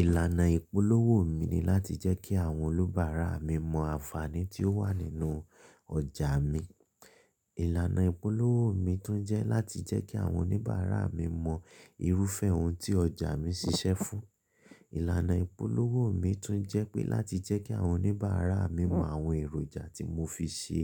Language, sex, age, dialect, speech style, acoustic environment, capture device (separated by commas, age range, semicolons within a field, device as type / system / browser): Yoruba, male, 25-34, Nigeria - Ibadan, focused, silence, mobile / Linux / Chrome